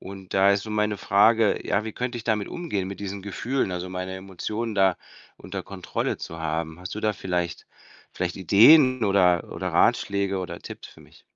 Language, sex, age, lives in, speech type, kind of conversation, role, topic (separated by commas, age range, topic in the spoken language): German, male, 50-54, Spain, conversation, advice, user, Wie kann ich meine Angst vor dem Versagen überwinden und daran wachsen?